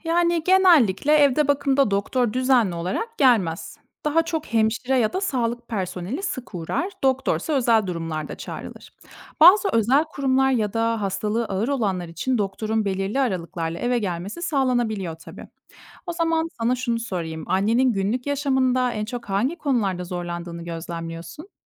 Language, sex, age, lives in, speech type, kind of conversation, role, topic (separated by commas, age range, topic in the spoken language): Turkish, female, 30-34, Germany, read, expert-assistant, expert, Evde bakım hizmetleri ile hastane ortamında bakım arasındaki avantajlar ve dezavantajlar nelerdir? Hangi koşullarda hangisi tercih edilmeli?